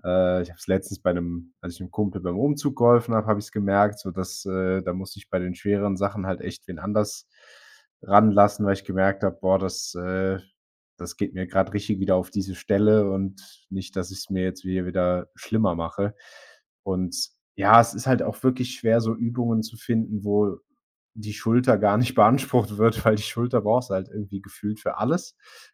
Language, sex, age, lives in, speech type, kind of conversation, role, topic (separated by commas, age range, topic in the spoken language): German, male, 25-29, Germany, conversation, advice, user, Wie gehe ich mit dem Gefühl von Rückschritt und Stillstand nach meiner Verletzung um?